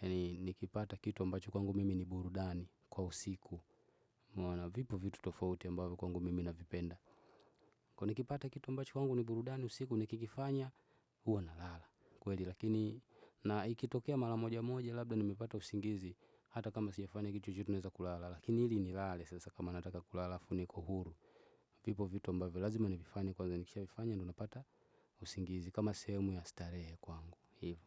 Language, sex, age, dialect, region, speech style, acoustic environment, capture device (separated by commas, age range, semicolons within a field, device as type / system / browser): Swahili, male, 25-34, Tanzania - Dar es Salaam, Tanzania, relaxed, nature, desktop / Windows / Chrome